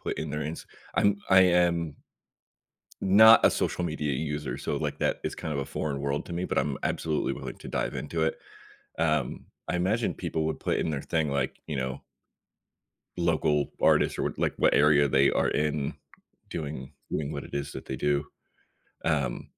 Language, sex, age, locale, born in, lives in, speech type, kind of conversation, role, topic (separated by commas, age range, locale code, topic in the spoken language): English, male, 35-39, en-US, United States, United States, conversation, advice, user, How can I plan a meaningful surprise for my partner?